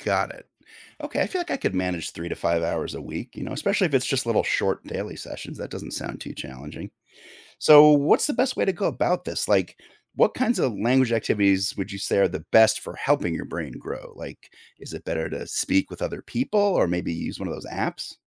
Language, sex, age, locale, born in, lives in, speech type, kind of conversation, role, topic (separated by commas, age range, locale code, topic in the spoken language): English, male, 45-49, en-US, United States, United States, read, expert-assistant, asker, How does learning a new language affect your brain?